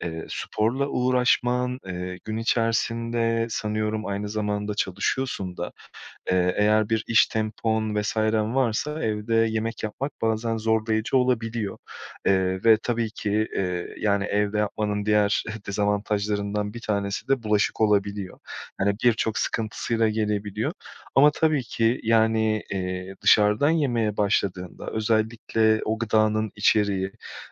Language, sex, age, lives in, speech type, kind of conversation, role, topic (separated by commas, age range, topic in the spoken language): Turkish, male, 30-34, Portugal, conversation, advice, advisor, Dışarıda veya restoranda sağlıklı seçenek seçmek nasıl kolaylaşır?